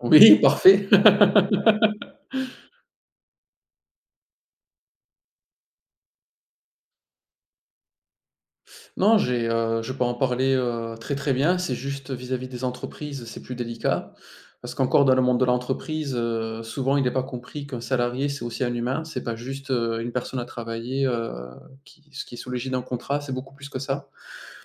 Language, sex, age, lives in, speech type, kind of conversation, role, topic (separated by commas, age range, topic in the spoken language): French, male, 40-44, France, conversation, unstructured, none, Pourquoi la santé mentale est-elle encore entourée de tant de tabous aujourd’hui ?